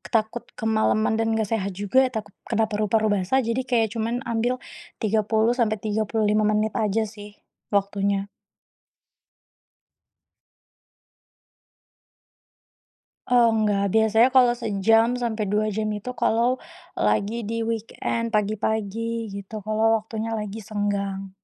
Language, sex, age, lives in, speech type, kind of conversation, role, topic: Indonesian, female, 25-29, Indonesia, conversation, podcast, guest, Bagaimana kamu mengatur waktu antara pekerjaan dan hobi?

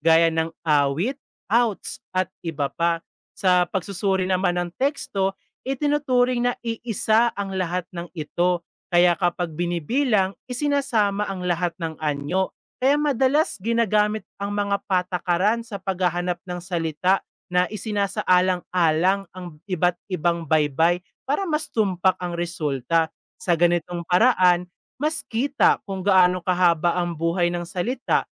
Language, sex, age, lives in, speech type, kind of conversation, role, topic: Filipino, male, 20-24, Philippines, read, expert-assistant, expert, Paano nagbabago ang wika sa social media?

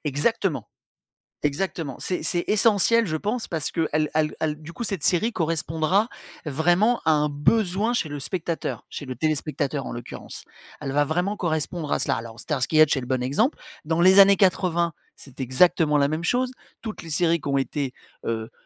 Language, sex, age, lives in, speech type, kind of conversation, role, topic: French, male, 45-49, France, conversation, podcast, guest, Qu’est-ce qui fait, selon toi, une bonne série ?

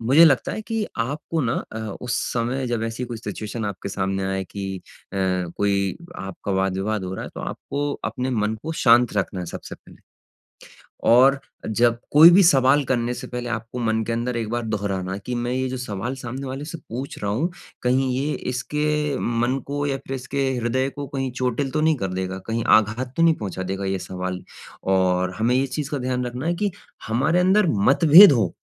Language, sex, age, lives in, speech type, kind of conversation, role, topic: Hindi, male, 25-29, India, conversation, advice, advisor, मैं दोस्तों के साथ हुए विवाद या मतभेद को कैसे संभालूँ और सुलझाऊँ?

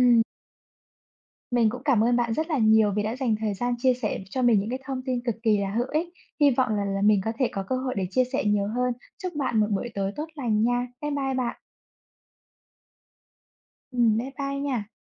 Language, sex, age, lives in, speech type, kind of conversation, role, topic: Vietnamese, female, 25-29, Vietnam, conversation, unstructured, none, Tại sao tình bạn lại quan trọng trong cuộc sống?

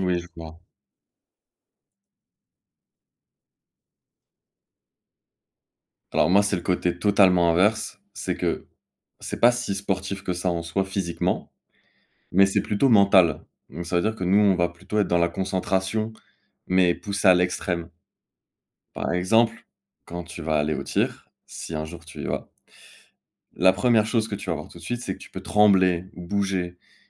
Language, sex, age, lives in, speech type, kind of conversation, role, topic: French, male, 20-24, France, conversation, unstructured, none, Qu’est-ce qui te motive à pratiquer un loisir ?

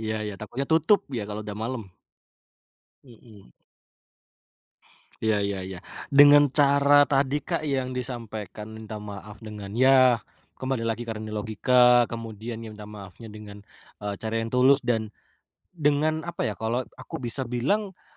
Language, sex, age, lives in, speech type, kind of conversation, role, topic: Indonesian, male, 25-29, Indonesia, conversation, podcast, host, Bagaimana cara mengucapkan maaf yang menurutmu terasa tulus?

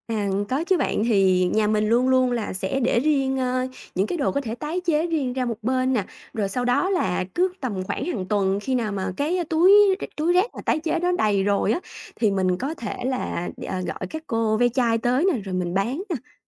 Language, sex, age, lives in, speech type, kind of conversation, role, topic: Vietnamese, female, 30-34, Vietnam, conversation, podcast, guest, Bạn làm gì để bảo vệ môi trường xung quanh mình?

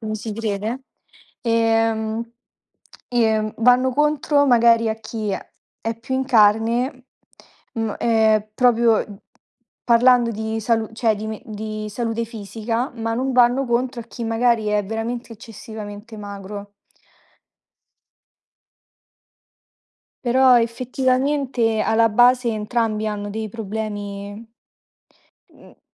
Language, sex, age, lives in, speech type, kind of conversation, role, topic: Italian, female, 20-24, Italy, conversation, unstructured, none, Cosa ne pensi della pressione sociale di dover essere sempre in forma?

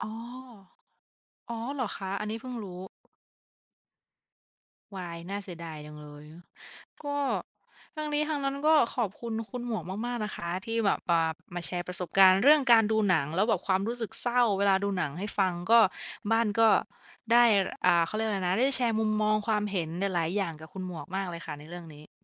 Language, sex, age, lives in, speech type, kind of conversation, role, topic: Thai, female, 25-29, Thailand, conversation, unstructured, none, คุณเคยรู้สึกเศร้าจากหนังเรื่องไหนบ้าง?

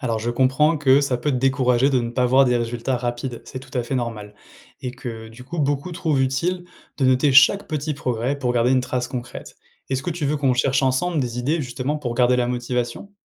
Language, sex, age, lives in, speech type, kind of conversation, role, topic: French, male, 30-34, France, read, expert-assistant, expert, Quand tu lis des astuces sur comment on dépense ou économise, comment tu vérifies si c’est sérieux ?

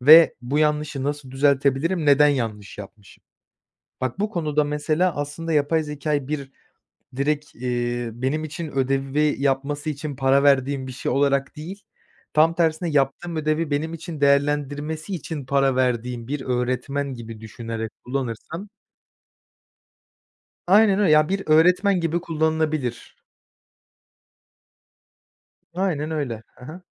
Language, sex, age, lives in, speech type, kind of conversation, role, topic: Turkish, male, 20-24, Poland, conversation, podcast, guest, Teknoloji öğrenmeyi nasıl kolaylaştırır?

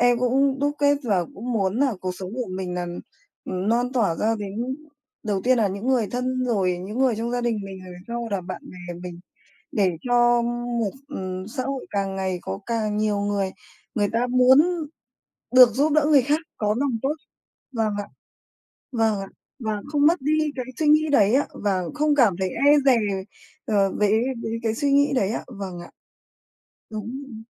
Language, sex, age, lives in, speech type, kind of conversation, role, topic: Vietnamese, male, 30-34, Vietnam, conversation, unstructured, none, Bạn có nghĩ rằng lòng tốt rất quan trọng không, và vì sao?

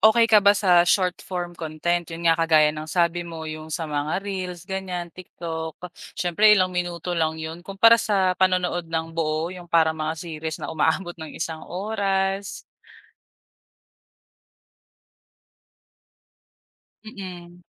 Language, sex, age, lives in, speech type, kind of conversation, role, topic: Filipino, female, 25-29, Philippines, conversation, podcast, host, Paano nakaapekto ang midyang panlipunan sa paraan mo ng panonood?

